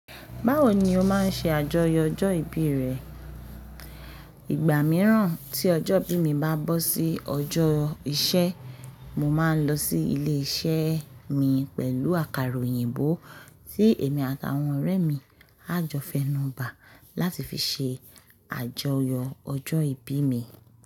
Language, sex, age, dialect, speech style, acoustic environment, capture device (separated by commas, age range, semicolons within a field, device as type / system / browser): Yoruba, female, 25-34, Nigeria - Lagos Yoruba, happy; focused, nature, mobile / Linux / Chrome